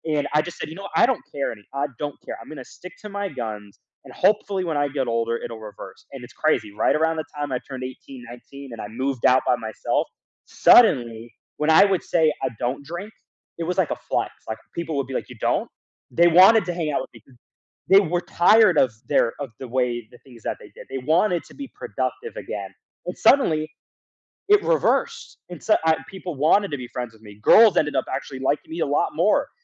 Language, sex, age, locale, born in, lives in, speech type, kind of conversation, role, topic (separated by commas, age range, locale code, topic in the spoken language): English, male, 20-24, en-US, United States, United States, conversation, podcast, guest, How do your personal values shape the decisions you make in life?